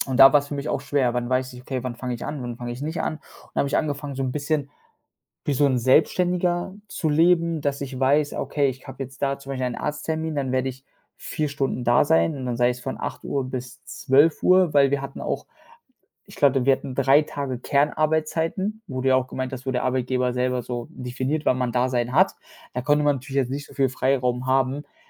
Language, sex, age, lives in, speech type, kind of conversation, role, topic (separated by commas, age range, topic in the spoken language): German, male, 25-29, Germany, conversation, podcast, guest, Was bedeutet für dich eine gute Work-Life-Balance?